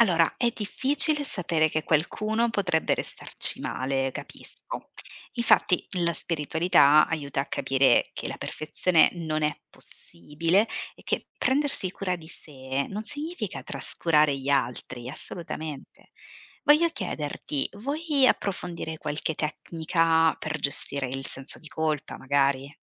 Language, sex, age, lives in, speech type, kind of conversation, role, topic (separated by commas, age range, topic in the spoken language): Italian, female, 35-39, Italy, read, expert-assistant, expert, In che modo la spiritualità può aiutarmi a prendere decisioni difficili nella vita?